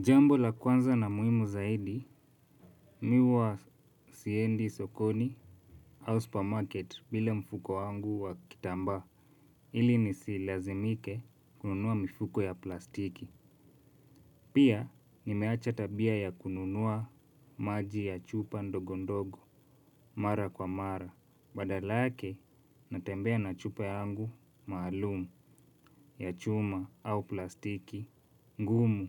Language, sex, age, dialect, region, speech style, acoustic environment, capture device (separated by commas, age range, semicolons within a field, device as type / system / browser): Swahili, male, 25-34, Kenya - Nairobi Sheng-influenced, Kenya, relaxed, nature; appliances, mobile / Linux / Chrome